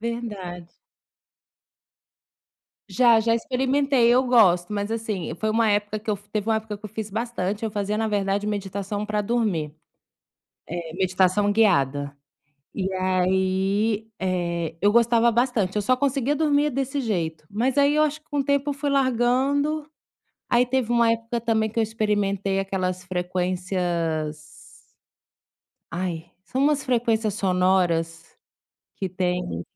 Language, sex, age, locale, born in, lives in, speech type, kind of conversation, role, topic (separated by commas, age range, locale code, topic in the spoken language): Portuguese, female, 35-39, pt-BR, Brazil, Spain, conversation, advice, user, Como posso arranjar tempo para os meus hobbies e interesses?